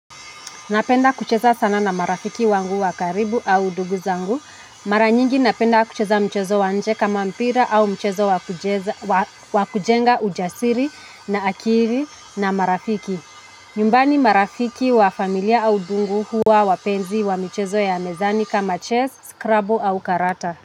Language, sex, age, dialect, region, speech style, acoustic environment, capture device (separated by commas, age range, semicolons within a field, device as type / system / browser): Swahili, female, 25-34, Kenya - Nairobi Sheng-influenced, Kenya, anxious, traffic, mobile / Linux / Chrome